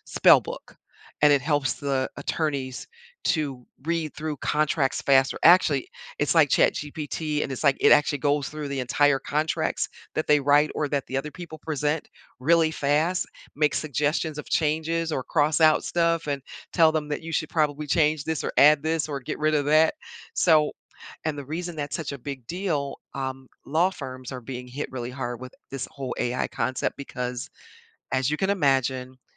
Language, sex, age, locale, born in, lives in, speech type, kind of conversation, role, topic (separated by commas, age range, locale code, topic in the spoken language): English, female, 60-64, en-US, United States, United States, conversation, podcast, guest, How do you handle stress at work?